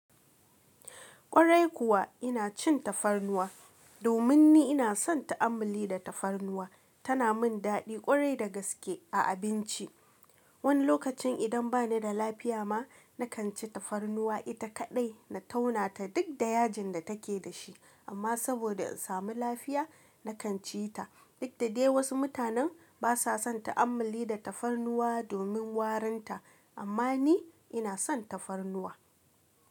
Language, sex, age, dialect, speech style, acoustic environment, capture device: Hausa, female, 25-34, Nigeria - Kano, relaxed, nature; silence, mobile / Linux / Chrome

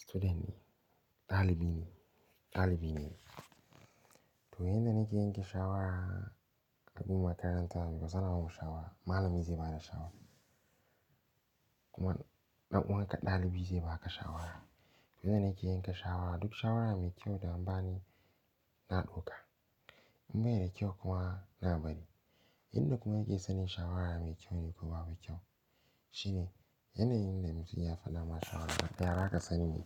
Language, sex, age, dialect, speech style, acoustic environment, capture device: Hausa, male, 18-24, Nigeria - Pidgin-influenced, focused, silence, mobile / Linux / Chrome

